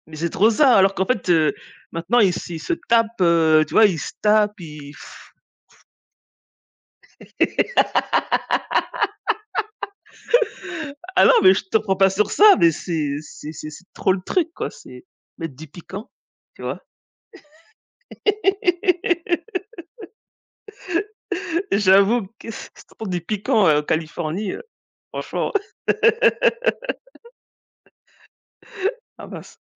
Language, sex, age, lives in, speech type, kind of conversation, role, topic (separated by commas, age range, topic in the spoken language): French, female, 40-44, United States, conversation, unstructured, none, Le sport peut-il parfois devenir une obsession dangereuse ?